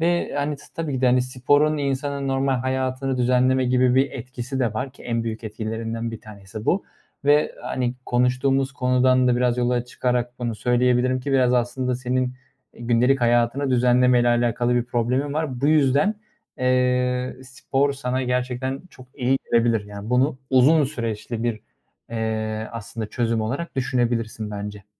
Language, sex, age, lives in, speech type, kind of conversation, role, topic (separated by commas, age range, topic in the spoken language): Turkish, male, 25-29, Germany, conversation, advice, advisor, İş ve ev arasında sürekli meşgul hissederken nasıl daha rahat ve dinlenmiş hissedebilirim?